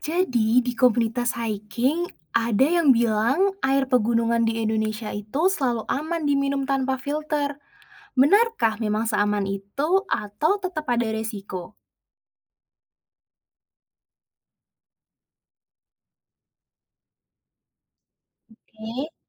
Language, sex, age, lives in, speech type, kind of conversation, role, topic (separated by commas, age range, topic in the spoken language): Indonesian, female, 20-24, Indonesia, read, expert-assistant, asker, Benarkah tidak perlu membawa alat filter air saat mendaki di pegunungan Indonesia karena sumber air pegunungan dianggap selalu bersih? Apa fakta sebenarnya dan risiko yang mungkin terjadi?